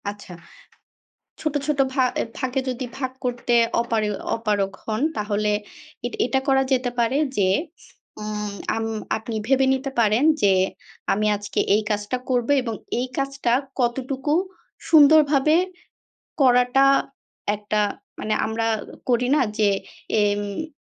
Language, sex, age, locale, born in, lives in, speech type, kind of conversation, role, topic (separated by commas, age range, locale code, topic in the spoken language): Bengali, female, 25-29, bn-BD, Bangladesh, Bangladesh, conversation, advice, advisor, পারফেকশনিজমের কারণে কাজ শুরু করতে আপনার ভয় লাগে কেন?